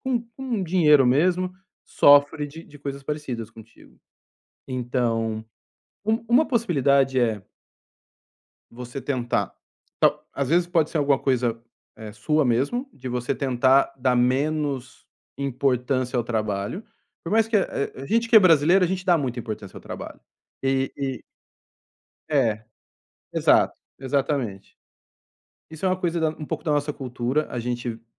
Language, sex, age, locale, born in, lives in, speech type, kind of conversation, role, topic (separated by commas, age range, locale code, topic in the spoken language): Portuguese, male, 40-44, pt-BR, Brazil, Spain, conversation, advice, advisor, Como posso descrever meu cansaço extremo e esgotamento por trabalhar em uma startup?